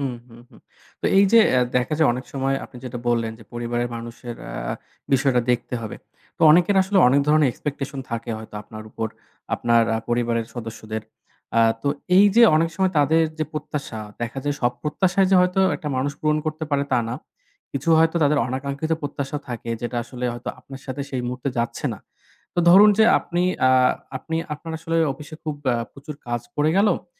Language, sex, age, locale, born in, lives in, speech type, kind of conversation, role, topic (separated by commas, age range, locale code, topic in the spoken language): Bengali, male, 25-29, bn-BD, Bangladesh, Bangladesh, conversation, podcast, host, আপনি কাজ ও ব্যক্তিগত জীবনের মধ্যে ভারসাম্য কীভাবে বজায় রাখেন?